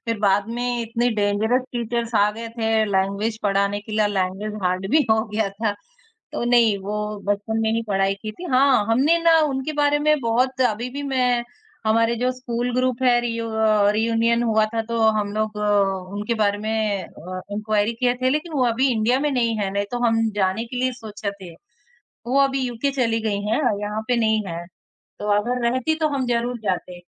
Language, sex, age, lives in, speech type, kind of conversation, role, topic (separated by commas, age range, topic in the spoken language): Hindi, female, 20-24, India, conversation, podcast, guest, स्कूल के दिनों की आपकी सबसे मज़ेदार याद कौन-सी है?